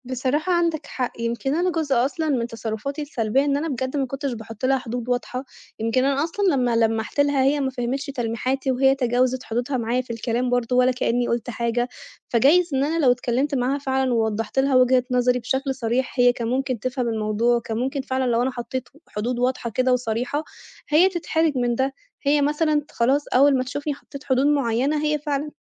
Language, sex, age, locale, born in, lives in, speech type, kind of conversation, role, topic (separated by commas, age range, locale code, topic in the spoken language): Arabic, female, 25-29, ar-EG, Egypt, Italy, conversation, advice, user, إزاي أردّ على صاحبي اللي بينتقد اختياراتي الشخصية؟